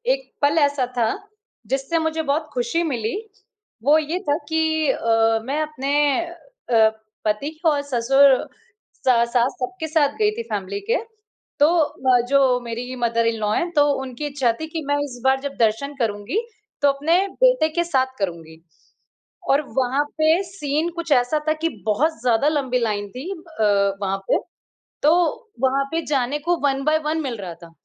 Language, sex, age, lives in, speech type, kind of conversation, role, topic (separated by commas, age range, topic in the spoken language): Hindi, female, 35-39, India, conversation, unstructured, none, आपके हिसाब से यात्रा करने से खुशियाँ कैसे मिलती हैं?